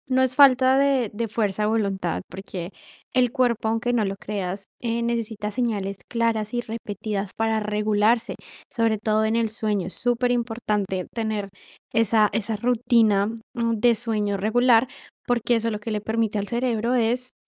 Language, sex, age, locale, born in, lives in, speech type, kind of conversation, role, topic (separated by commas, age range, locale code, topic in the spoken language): Spanish, female, 18-19, es-CO, Colombia, Italy, conversation, advice, advisor, ¿Cómo puedo establecer una rutina de sueño más regular?